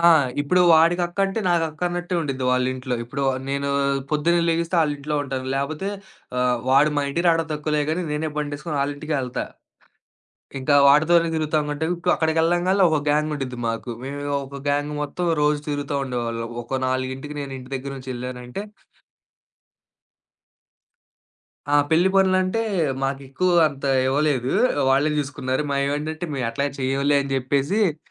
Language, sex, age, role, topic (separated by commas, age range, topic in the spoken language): Telugu, male, 25-29, guest, స్నేహితులతో దూరమయ్యే పరిస్థితి వచ్చినప్పుడు, ఆ స్నేహబంధాన్ని మీరు ఎలా కాపాడుకుంటారు?